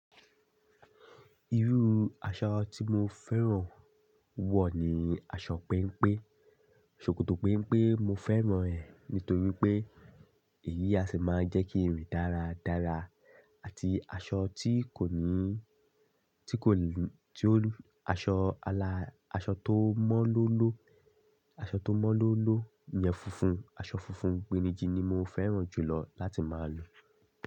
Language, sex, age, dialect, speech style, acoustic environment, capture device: Yoruba, male, 25-34, Nigeria - Lagos Yoruba, happy, silence; nature, desktop / Linux / Chrome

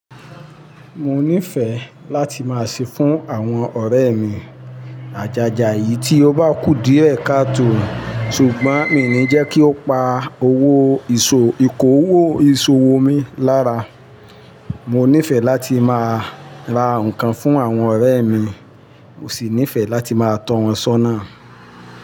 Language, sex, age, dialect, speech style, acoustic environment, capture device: Yoruba, male, 45-54, Nigeria - Ibadan, happy, nature, mobile / Linux / Chrome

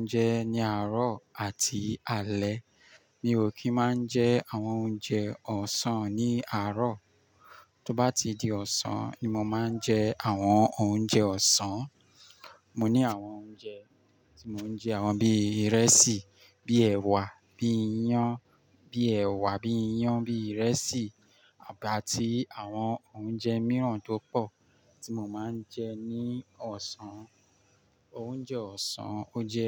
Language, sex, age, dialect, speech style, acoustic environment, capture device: Yoruba, male, 35-44, Nigeria - Lagos Yoruba, focused, nature, mobile / Linux / Chrome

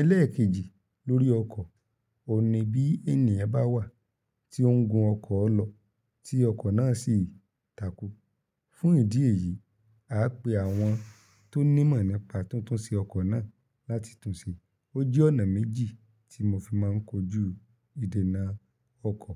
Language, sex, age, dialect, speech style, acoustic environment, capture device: Yoruba, male, 25-34, Nigeria - Ibadan, happy, silence, mobile / Linux / Chrome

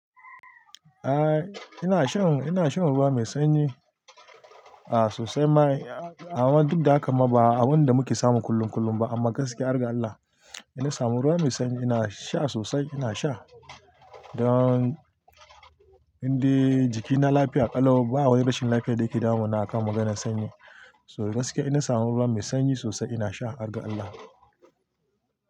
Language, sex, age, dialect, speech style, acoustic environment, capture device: Hausa, male, 35-44, Nigeria - Kano, focused, nature, mobile / Linux / Chrome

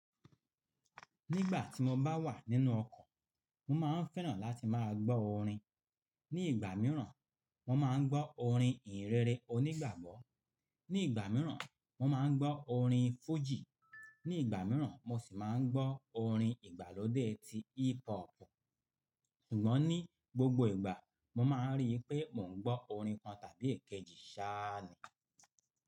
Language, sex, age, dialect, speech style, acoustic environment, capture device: Yoruba, male, 45-54, Nigeria - Lagos Yoruba, nervous; focused; relaxed, people talking; nature; silence; appliances, mobile / Linux / Chrome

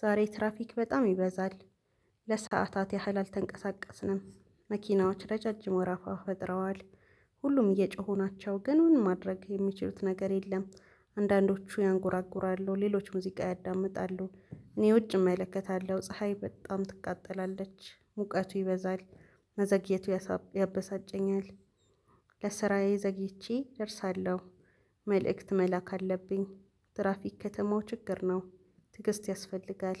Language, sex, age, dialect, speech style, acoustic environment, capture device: Amharic, female, 25-34, Ethiopia - Addis Ababa, relaxed, nature, mobile / Linux / Chrome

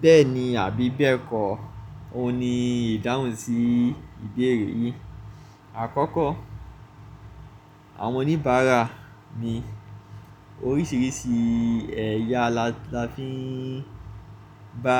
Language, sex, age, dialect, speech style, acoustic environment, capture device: Yoruba, male, 25-34, Nigeria - Lagos Yoruba, focused, appliances, mobile / Linux / Chrome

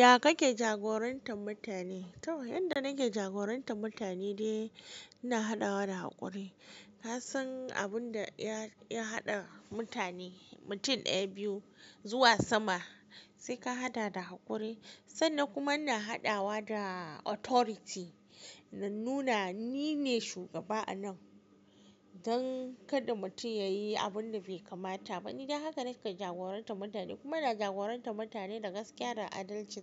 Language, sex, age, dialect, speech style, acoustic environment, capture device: Hausa, female, 18-24, Nigeria - Kano, happy; focused; excited, silence, mobile / Linux / Chrome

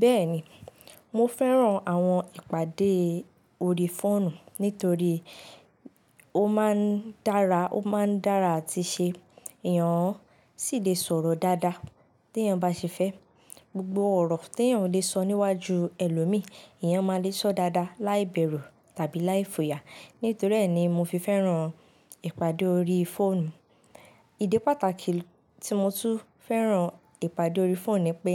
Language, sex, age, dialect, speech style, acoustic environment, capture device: Yoruba, female, 18-24, Nigeria - Ibadan, focused, nature, mobile / Linux / Chrome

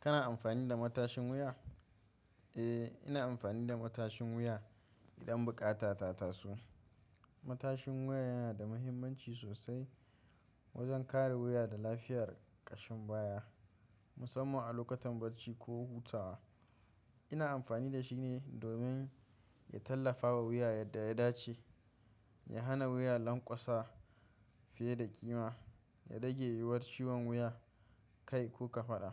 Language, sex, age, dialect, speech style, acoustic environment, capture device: Hausa, male, 25-34, Nigeria - Kano, tired, silence, mobile / macOS / Safari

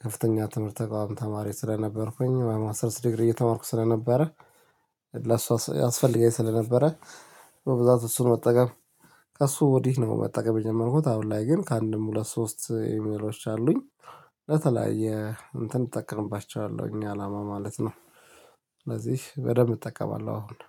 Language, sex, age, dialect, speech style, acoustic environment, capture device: Amharic, male, 35-44, Ethiopia - Addis Ababa, tired, nature; silence, mobile / Linux / Chrome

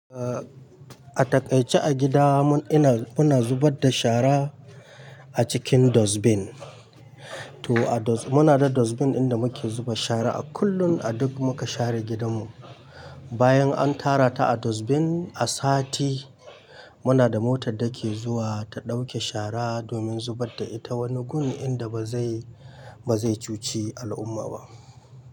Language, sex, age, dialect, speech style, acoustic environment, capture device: Hausa, male, 25-34, Nigeria - Kano, happy; excited, nature, mobile / Linux / Chrome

